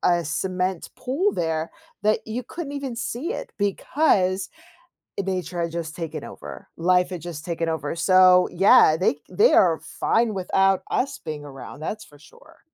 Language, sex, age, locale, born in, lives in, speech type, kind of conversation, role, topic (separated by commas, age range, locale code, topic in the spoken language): English, female, 45-49, en-US, United States, United States, conversation, podcast, host, How does protecting wildlife benefit both nature and people?